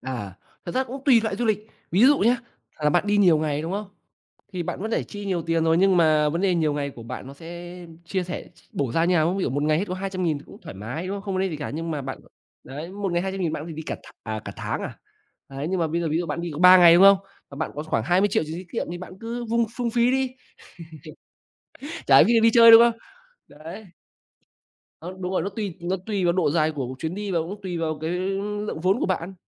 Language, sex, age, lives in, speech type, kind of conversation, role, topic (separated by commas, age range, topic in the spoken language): Vietnamese, male, 25-29, Vietnam, conversation, unstructured, none, Bạn sẽ thuyết phục ai đó như thế nào rằng du lịch không nhất thiết phải tốn kém?